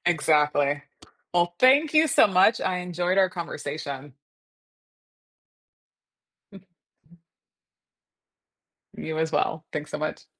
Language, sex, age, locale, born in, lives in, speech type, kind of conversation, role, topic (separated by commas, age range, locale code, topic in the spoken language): English, female, 30-34, en-US, United States, United States, conversation, podcast, host, How do people experience and cope with the wide range of emotions that come with grief?